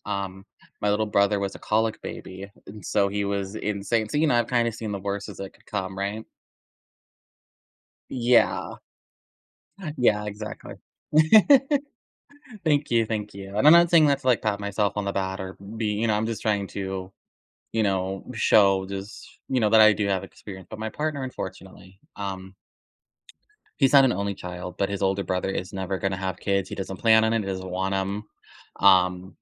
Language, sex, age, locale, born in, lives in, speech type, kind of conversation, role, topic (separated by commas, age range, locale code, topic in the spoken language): English, male, 25-29, en-US, United States, United States, conversation, advice, user, How can I approach a tough talk with my partner?